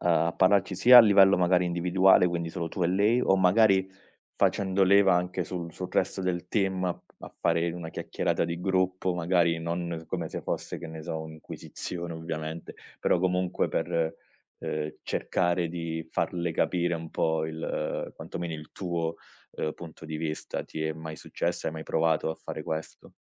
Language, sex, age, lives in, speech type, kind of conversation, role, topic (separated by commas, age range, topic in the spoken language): Italian, male, 30-34, Italy, conversation, advice, advisor, Come posso gestire un collega che si prende tutto il merito del mio lavoro?